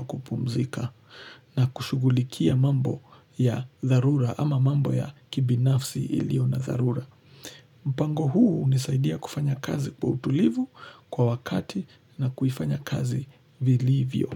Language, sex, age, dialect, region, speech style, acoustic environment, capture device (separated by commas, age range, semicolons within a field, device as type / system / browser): Swahili, male, 25-34, Kenya - Nairobi Sheng-influenced, Kenya, relaxed, silence; appliances, mobile / Linux / Chrome